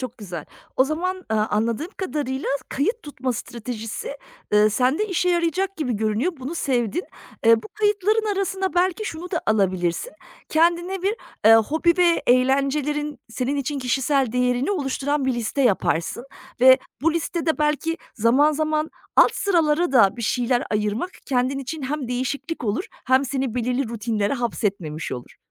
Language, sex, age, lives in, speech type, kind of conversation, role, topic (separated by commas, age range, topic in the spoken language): Turkish, female, 40-44, Germany, conversation, advice, advisor, Eğlence ve hobiler için harcama yaparken neden suçluluk ve pişmanlık hissediyorum?